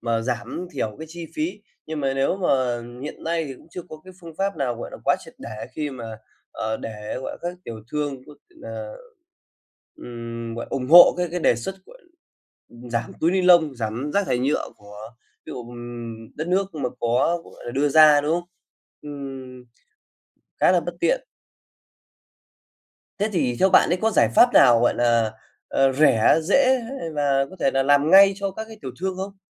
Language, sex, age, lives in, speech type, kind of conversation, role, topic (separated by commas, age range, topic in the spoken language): Vietnamese, male, 25-29, Vietnam, conversation, podcast, host, Bạn có những ý tưởng nào để giảm lượng rác nhựa ở chợ truyền thống?